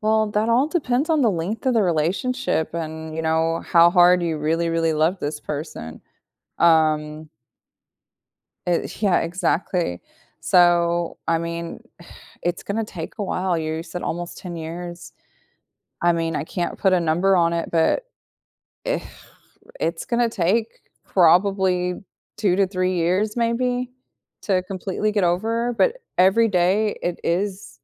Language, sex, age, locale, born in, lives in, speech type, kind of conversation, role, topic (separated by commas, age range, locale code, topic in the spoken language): English, female, 35-39, en-US, United States, United States, conversation, advice, advisor, How do I cope and decide whether to reconnect after a sudden breakup from a long relationship?